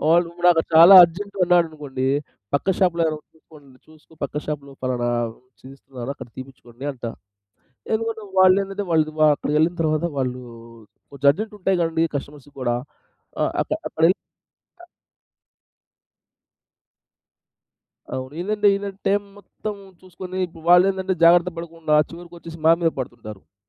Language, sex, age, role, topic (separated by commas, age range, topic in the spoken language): Telugu, male, 30-34, guest, పని, వ్యక్తిగత జీవితానికి సమతుల్యం నిలబెట్టుకోవడానికి మీరు ఏయే మార్గాలు అనుసరిస్తారు?